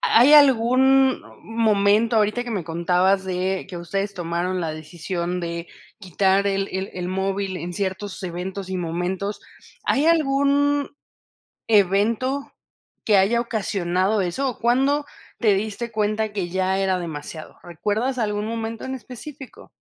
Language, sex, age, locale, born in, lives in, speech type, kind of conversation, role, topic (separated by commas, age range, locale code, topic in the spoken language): Spanish, female, 30-34, es-MX, Mexico, Mexico, conversation, podcast, host, ¿Qué opinas del tiempo que pasamos pendientes del celular?